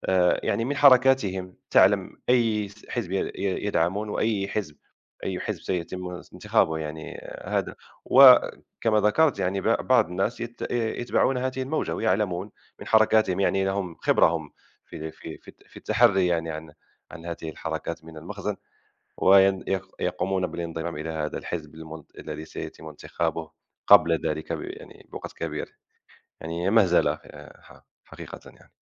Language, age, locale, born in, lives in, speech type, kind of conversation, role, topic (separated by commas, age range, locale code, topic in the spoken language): Arabic, 30-34, ar-MA, Morocco, France, conversation, unstructured, none, إيه التغييرات اللي نفسك تشوفها في نظام الانتخابات؟